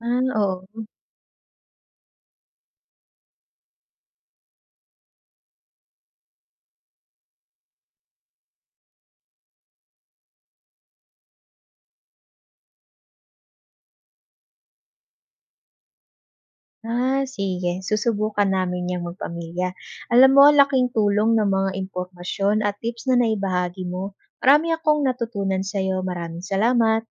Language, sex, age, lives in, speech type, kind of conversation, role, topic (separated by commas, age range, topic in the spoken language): Filipino, female, 25-29, Philippines, read, expert-assistant, asker, Ano ang mga paraan upang mapanatili ang pagkakaisa sa pamilya?